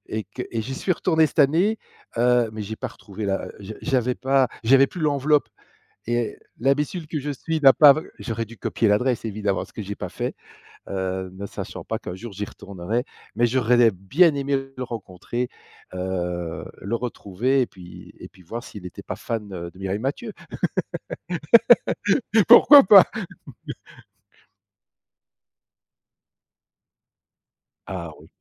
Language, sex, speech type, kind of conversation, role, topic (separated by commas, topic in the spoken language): French, male, conversation, podcast, guest, Peux-tu raconter une histoire où un inconnu t’a aidé de façon inattendue ?